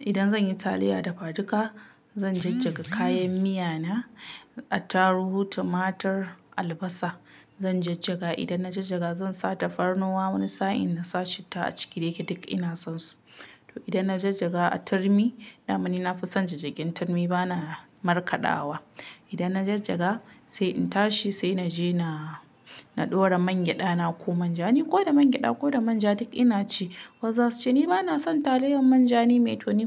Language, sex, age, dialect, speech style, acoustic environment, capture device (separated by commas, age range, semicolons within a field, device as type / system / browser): Hausa, female, 25-34, Nigeria - Kano, relaxed; excited, silence, mobile / Linux / Chrome